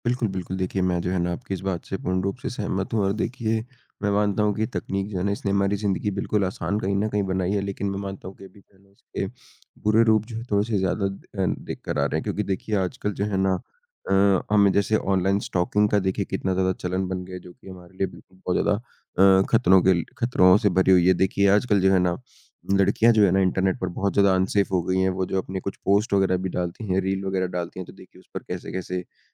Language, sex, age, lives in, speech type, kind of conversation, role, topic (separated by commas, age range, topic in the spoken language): Hindi, male, 20-24, India, conversation, unstructured, none, क्या आपको लगता है कि तकनीक हमारी निजता को खतरे में डाल रही है?